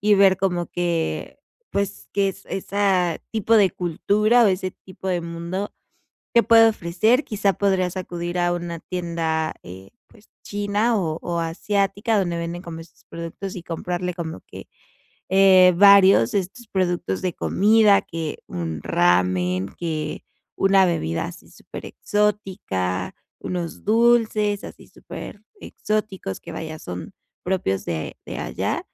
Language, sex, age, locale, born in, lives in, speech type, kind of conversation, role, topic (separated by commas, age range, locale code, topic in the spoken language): Spanish, female, 25-29, es-MX, Mexico, Mexico, conversation, advice, advisor, ¿Cómo puedo elegir regalos memorables y adecuados?